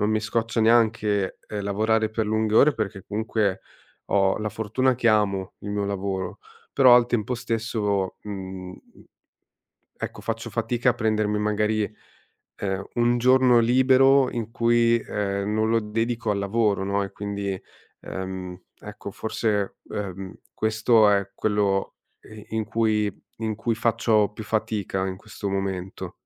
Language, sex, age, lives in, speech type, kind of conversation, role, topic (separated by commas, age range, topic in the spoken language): Italian, male, 30-34, Italy, conversation, advice, user, Come posso gestire il sovraccarico di lavoro e riuscire a staccare la mente?